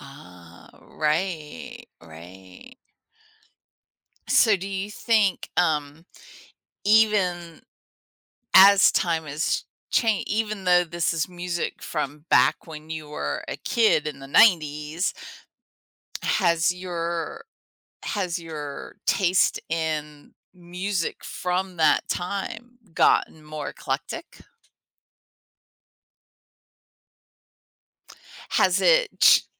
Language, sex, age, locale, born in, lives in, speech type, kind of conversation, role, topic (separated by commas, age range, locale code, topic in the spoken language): English, female, 50-54, en-US, United States, United States, conversation, podcast, host, Why do certain songs or types of music trigger strong memories and emotions in us?